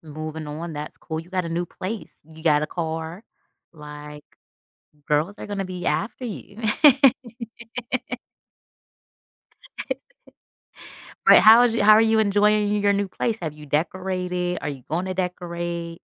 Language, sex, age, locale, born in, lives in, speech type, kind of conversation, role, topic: English, female, 35-39, en-US, United States, United States, conversation, advice, advisor, How can I make friends in a new city?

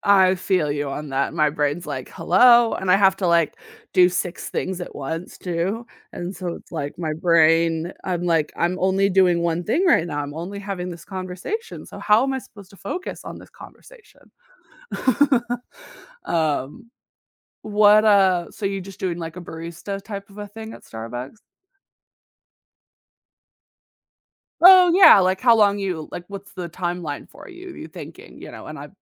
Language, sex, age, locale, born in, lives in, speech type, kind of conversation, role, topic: English, female, 25-29, en-US, United States, United States, conversation, podcast, host, What achievement in your career has had the biggest impact on you?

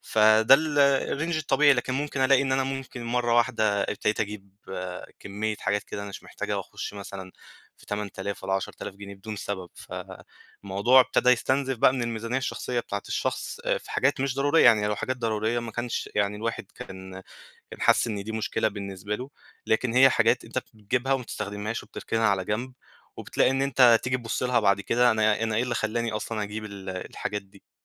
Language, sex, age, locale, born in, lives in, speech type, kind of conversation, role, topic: Arabic, male, 25-29, ar-EG, Egypt, Egypt, conversation, advice, user, إزاي أتسوّق بذكاء وأوفّر وقتي وفلوسي من غير ما أشتري حاجات مش محتاجها؟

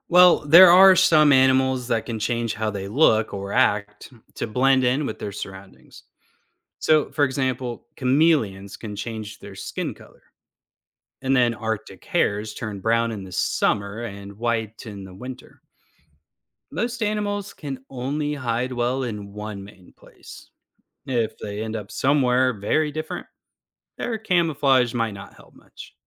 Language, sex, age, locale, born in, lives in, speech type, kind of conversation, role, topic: English, male, 35-39, en-US, United States, United States, read, expert-assistant, expert, How do animals adapt to survive in extreme environments?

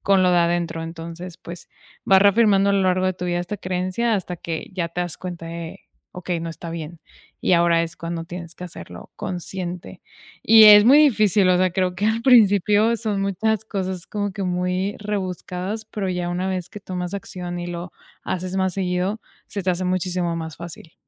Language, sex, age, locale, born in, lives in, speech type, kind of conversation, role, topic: Spanish, female, 25-29, es-MX, Mexico, Mexico, conversation, podcast, guest, ¿Qué acción simple recomendarías para empezar a soltar una creencia o hábito hoy?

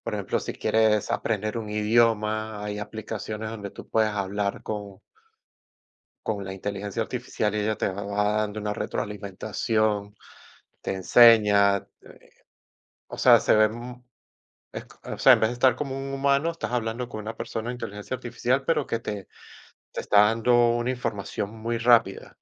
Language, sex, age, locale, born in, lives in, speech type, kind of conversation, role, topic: Spanish, male, 40-44, es-VE, Venezuela, United States, conversation, unstructured, none, ¿Cómo imaginas el futuro con la inteligencia artificial?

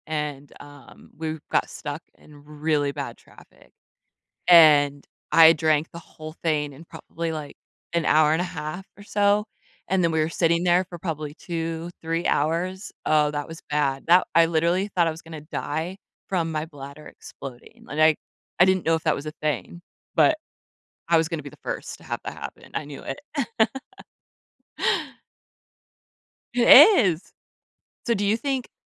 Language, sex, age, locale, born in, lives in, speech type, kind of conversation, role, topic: English, female, 30-34, en-US, United States, United States, conversation, unstructured, none, How do small moments of happiness shape your everyday life?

